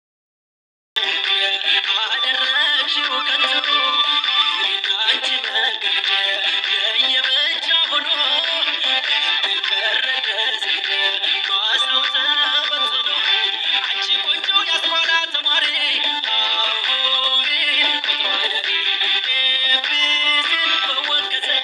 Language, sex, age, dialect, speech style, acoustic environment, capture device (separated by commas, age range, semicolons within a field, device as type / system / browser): Amharic, male, 25-34, Ethiopia - Gondar, relaxed, music, mobile / Linux / Chrome